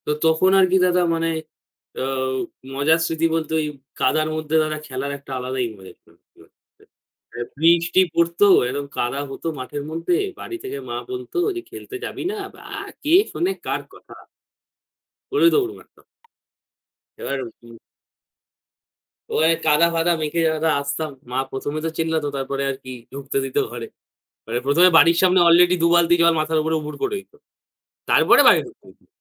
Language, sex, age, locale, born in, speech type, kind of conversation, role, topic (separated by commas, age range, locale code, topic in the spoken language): Bengali, male, 18-19, bn-IN, India, conversation, unstructured, none, তুমি কোন ধরনের খেলাধুলায় সবচেয়ে বেশি আনন্দ পাও?